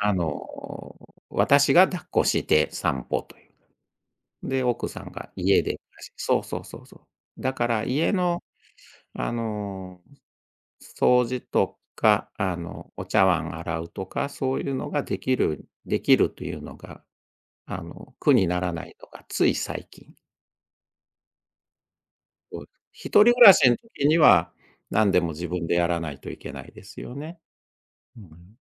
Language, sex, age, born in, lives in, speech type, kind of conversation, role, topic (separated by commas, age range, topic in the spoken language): Japanese, male, 60-64, Japan, Japan, conversation, podcast, guest, 家事の分担はどのように話し合って決めるのがよいですか？